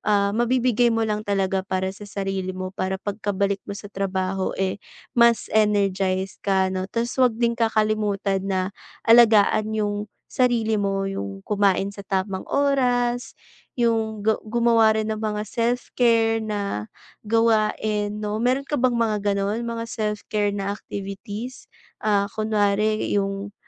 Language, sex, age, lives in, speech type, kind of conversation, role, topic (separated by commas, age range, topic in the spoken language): Filipino, female, 20-24, Philippines, conversation, advice, advisor, Paano ko mapapanatili ang balanse sa trabaho at pahinga?